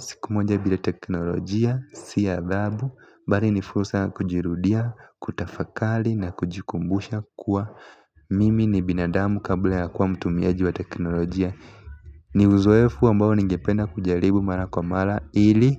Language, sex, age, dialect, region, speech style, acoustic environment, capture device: Swahili, male, 18-24, Kenya - Nairobi Sheng-influenced, Kenya, relaxed; focused, music; people talking; appliances, mobile / Linux / Chrome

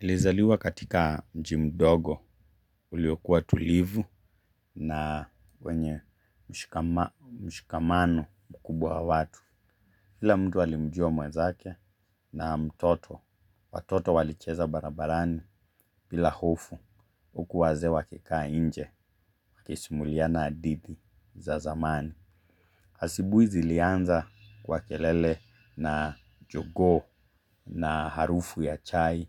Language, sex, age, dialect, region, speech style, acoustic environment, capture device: Swahili, male, 25-34, Kenya - Mombasa, Kenya, relaxed; focused, silence, mobile / Linux / Chrome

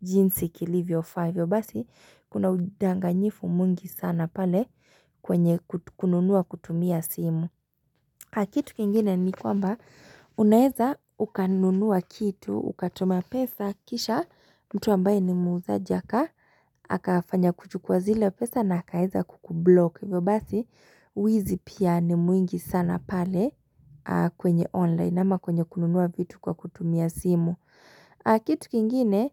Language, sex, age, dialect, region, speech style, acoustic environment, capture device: Swahili, female, 25-34, Kenya - Nairobi Sheng-influenced, Kenya, relaxed; excited; focused, silence, mobile / Linux / Chrome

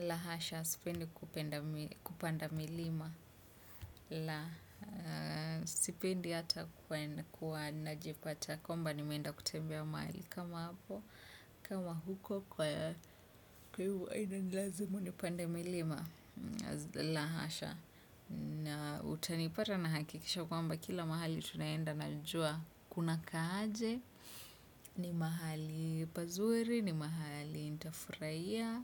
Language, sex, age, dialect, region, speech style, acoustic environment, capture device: Swahili, female, 25-34, Kenya - Nairobi Sheng-influenced, Kenya, relaxed, silence, desktop / Windows / Chrome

